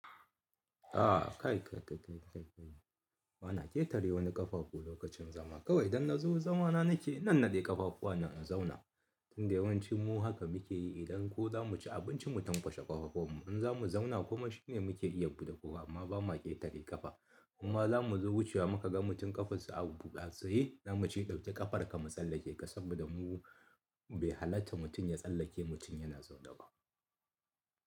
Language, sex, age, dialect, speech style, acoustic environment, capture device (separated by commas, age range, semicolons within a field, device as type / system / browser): Hausa, male, 35-44, Nigeria - Kano, happy, people talking; nature; music, mobile / Linux / Chrome